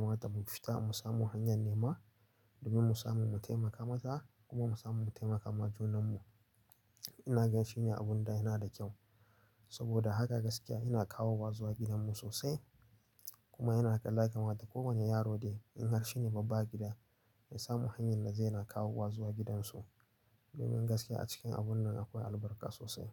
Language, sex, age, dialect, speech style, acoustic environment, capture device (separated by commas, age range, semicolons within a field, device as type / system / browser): Hausa, male, 18-24, Nigeria - Nigerian Standard, focused, nature, mobile / Linux / Chrome